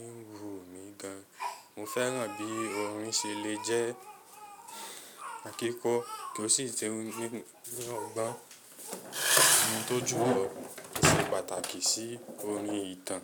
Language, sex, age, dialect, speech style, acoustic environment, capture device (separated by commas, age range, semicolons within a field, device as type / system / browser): Yoruba, male, 25-34, Nigeria - Lagos Yoruba, excited, silence, mobile / Linux / Chrome